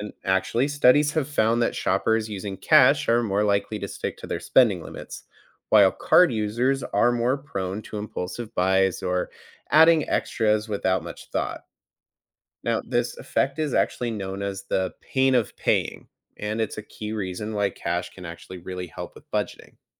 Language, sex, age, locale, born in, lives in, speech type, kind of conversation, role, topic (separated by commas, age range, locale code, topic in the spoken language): English, male, 30-34, en-US, United States, United States, read, expert-assistant, expert, Does paying with cash help people stick to a budget?